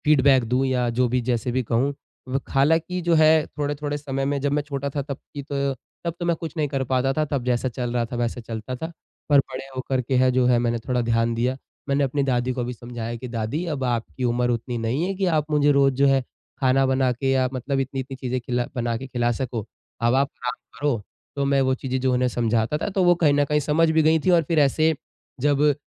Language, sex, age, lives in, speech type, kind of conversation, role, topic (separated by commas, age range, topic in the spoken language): Hindi, male, 20-24, India, conversation, podcast, guest, Grandparents ka influence tumhari upbringing mein kitna tha?